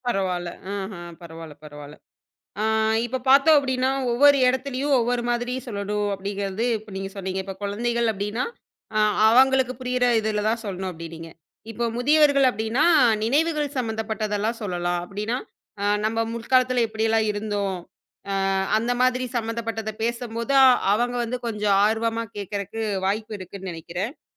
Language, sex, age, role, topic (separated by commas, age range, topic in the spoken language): Tamil, female, 25-29, host, ஒரே கதையை பல்வேறு கேட்பவர்களுக்கு எப்படி மாற்றிக் கூறுவீர்கள்?